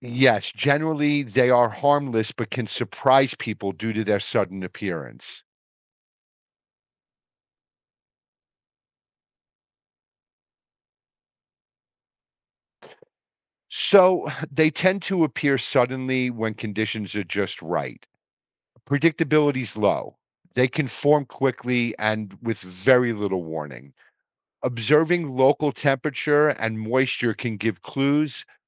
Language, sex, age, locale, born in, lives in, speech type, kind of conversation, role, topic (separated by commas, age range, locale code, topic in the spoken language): English, male, 55-59, en-US, United States, United States, read, expert-assistant, expert, What makes a steam devil form?